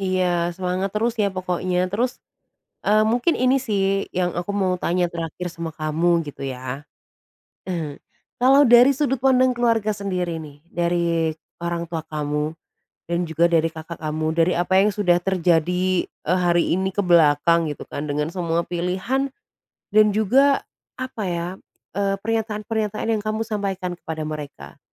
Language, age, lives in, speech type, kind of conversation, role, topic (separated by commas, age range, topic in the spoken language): Indonesian, 25-29, Indonesia, conversation, advice, advisor, Mengapa saya dianggap egois karena menolak bantuan keluarga yang mendikte hidup saya?